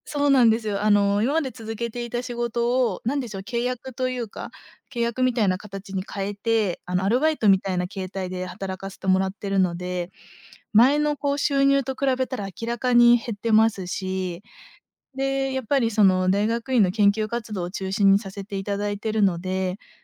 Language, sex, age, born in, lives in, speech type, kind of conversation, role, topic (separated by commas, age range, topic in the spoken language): Japanese, female, 25-29, Japan, Japan, conversation, advice, user, 老後資金をまったく準備できていないことに不安を感じていますが、どうしたらよいですか？